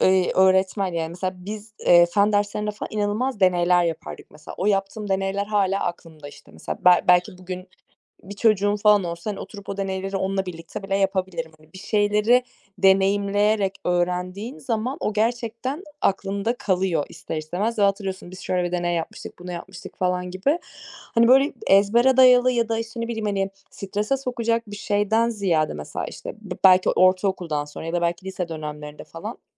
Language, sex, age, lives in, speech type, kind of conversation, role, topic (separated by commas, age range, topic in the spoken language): Turkish, female, 25-29, Germany, conversation, unstructured, none, Sınavların öğrencilerin geleceğini belirlemesi sence doğru mu?